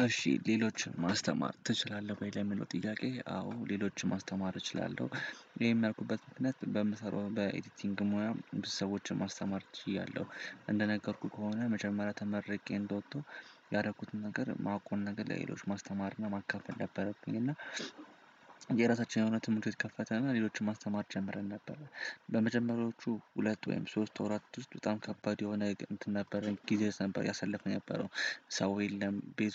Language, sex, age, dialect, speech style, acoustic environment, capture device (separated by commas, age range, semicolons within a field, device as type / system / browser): Amharic, male, 18-24, Ethiopia - Addis Ababa, happy, silence, desktop / Windows / Chrome